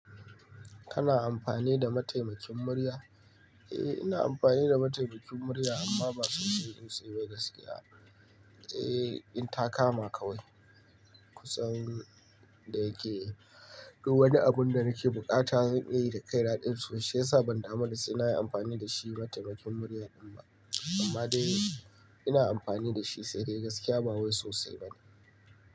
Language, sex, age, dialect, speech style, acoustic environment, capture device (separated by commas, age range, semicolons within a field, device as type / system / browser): Hausa, male, 25-34, Nigeria - Kano, happy, nature; appliances, mobile / Linux / Chrome